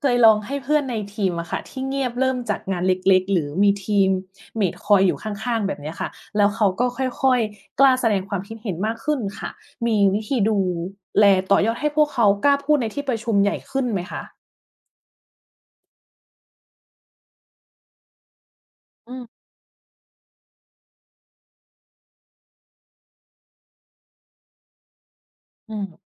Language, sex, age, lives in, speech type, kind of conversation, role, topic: Thai, female, 40-44, Thailand, read, expert-assistant, asker, เวลาคุยกับคนต่างวัยหรือคนต่างถิ่น ทำไมบางครั้งเรารู้สึกสื่อสารไม่ตรงใจ และมีวิธีสังเกตหรือปรับตัวอย่างไรบ้าง?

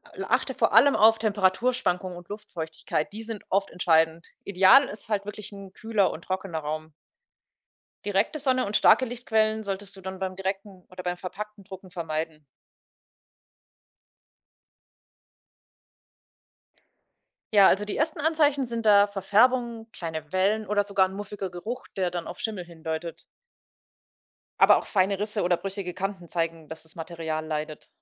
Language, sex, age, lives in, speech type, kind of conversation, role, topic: German, female, 30-34, Germany, read, expert-assistant, expert, Woran kann es liegen, dass Farben in digitalen Bildern am Bildschirm anders aussehen als beim Drucken?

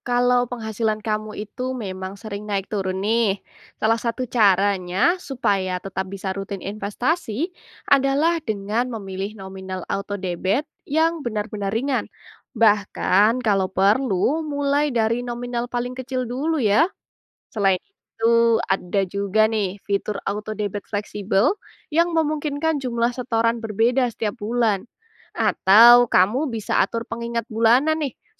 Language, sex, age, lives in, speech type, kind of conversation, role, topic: Indonesian, female, 20-24, Indonesia, read, expert-assistant, expert, Bagaimana Cara Memulai Investasi Rutin dengan Penghasilan yang Tidak Tetap?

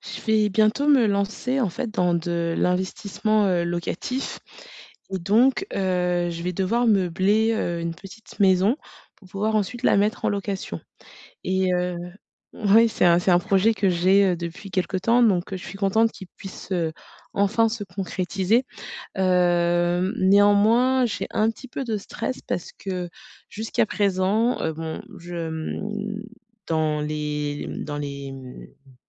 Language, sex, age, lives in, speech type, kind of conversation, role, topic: French, female, 35-39, France, conversation, advice, user, Comment reconnaître si un vêtement ou un produit est de bonne qualité et durable ?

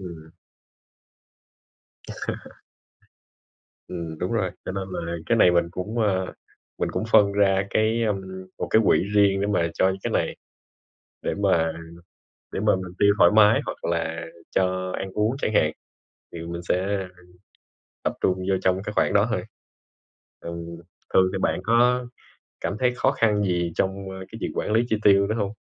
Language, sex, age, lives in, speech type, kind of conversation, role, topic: Vietnamese, male, 25-29, Vietnam, conversation, unstructured, none, Làm thế nào để quản lý chi tiêu một cách hiệu quả?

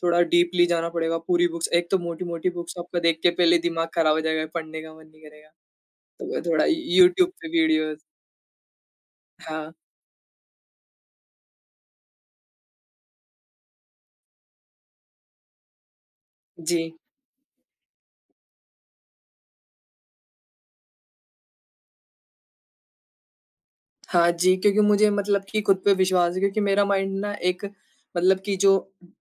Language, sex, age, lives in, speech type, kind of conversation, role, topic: Hindi, male, 20-24, India, conversation, unstructured, none, आपके लिए किताब पढ़ना अधिक आनंददायक है या संगीत सुनना?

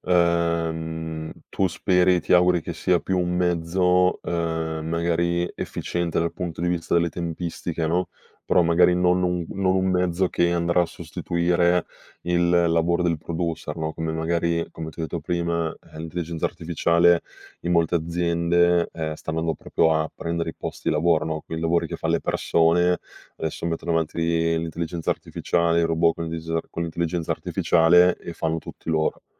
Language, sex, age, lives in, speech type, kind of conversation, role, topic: Italian, male, 25-29, Italy, conversation, podcast, host, Come nascono le tue idee creative?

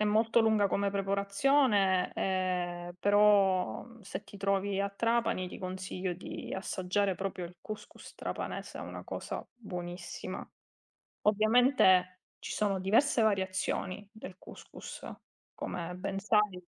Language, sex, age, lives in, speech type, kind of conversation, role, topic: Italian, female, 30-34, Italy, conversation, unstructured, none, Qual è il tuo piatto italiano preferito?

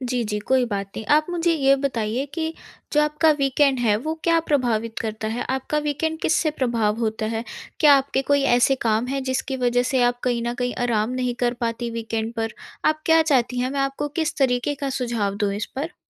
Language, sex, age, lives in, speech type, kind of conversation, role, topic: Hindi, female, 18-19, India, conversation, advice, advisor, मैं सप्ताहांत में आराम और काम के बीच संतुलन कैसे बना सकता/सकती हूँ?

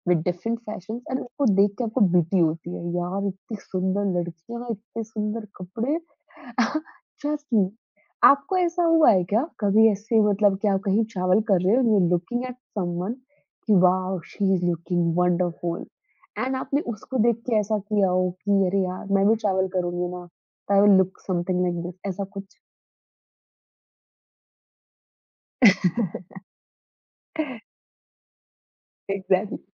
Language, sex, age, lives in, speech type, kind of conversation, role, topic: Hindi, female, 20-24, India, conversation, podcast, host, Comfort aur style ka balance banane ka tumhara tareeka kya hai?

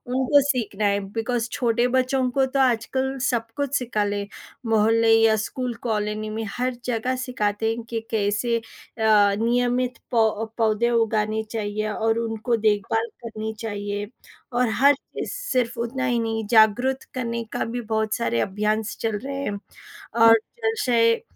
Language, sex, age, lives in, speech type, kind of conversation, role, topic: Hindi, female, 30-34, India, conversation, unstructured, none, आपके अनुसार प्रदूषण कम करने के लिए सबसे बड़ा कदम क्या हो सकता है?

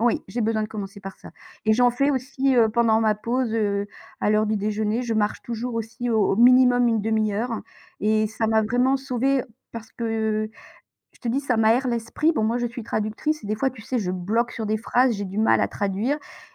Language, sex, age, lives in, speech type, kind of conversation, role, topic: French, female, 55-59, France, conversation, unstructured, none, Comment le sport influence-t-il votre humeur au quotidien ?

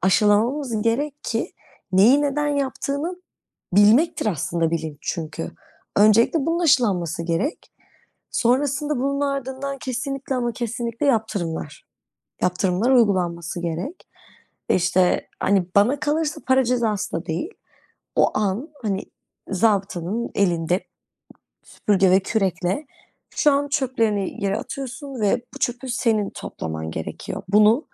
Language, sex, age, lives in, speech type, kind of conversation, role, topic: Turkish, female, 25-29, Netherlands, conversation, unstructured, none, Sence insanlar neden çevreyi korumakta zorlanıyor?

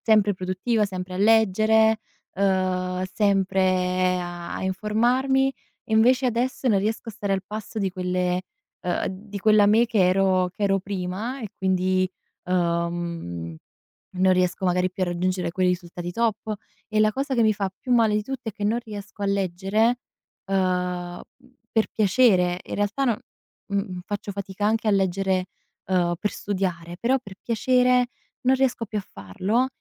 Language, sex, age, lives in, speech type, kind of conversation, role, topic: Italian, female, 20-24, Italy, conversation, advice, user, Come posso gestire il senso di colpa per non essere più produttivo come prima?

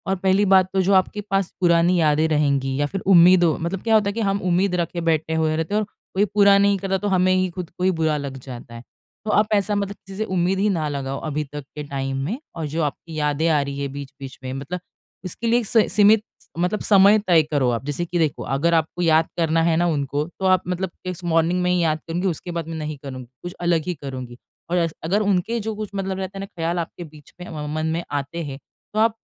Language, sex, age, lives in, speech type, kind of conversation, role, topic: Hindi, female, 30-34, India, conversation, advice, advisor, मैं अपनी खोई हुई भावनाओं को स्वीकार करके आगे कैसे बढ़ूं?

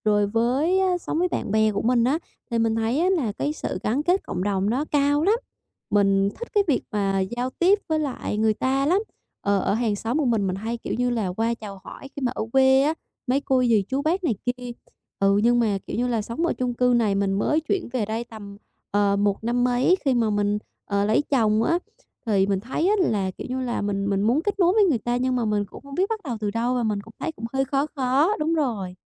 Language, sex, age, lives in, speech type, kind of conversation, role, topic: Vietnamese, female, 25-29, Vietnam, conversation, advice, user, Làm sao để kết nối với cộng đồng và cảm thấy mình có ích?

